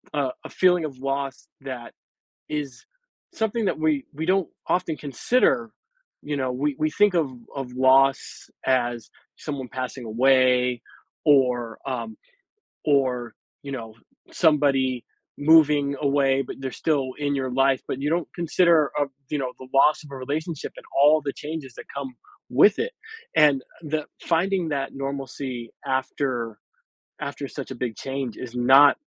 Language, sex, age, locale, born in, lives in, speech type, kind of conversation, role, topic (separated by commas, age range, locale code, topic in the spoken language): English, male, 40-44, en-US, United States, United States, conversation, advice, advisor, How can I move forward after a recent loss?